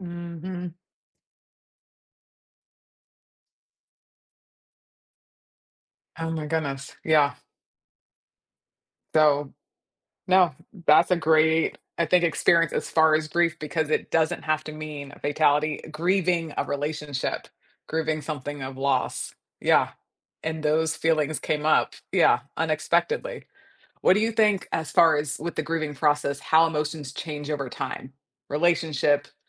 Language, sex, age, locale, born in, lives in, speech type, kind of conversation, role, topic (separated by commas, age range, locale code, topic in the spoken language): English, female, 30-34, en-US, United States, United States, conversation, podcast, host, How do people experience and cope with the wide range of emotions that come with grief?